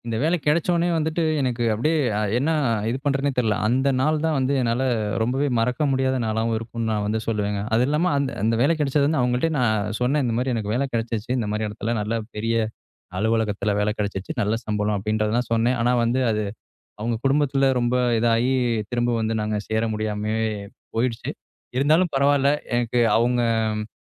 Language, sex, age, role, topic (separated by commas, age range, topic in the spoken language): Tamil, male, 25-29, guest, வாழ்க்கையில் உங்களுக்குப் ஒரே நாளிலேயே திடீரென பெரிய மாற்றத்தை ஏற்படுத்திய சம்பவம் பற்றிச் சொல்ல முடியுமா?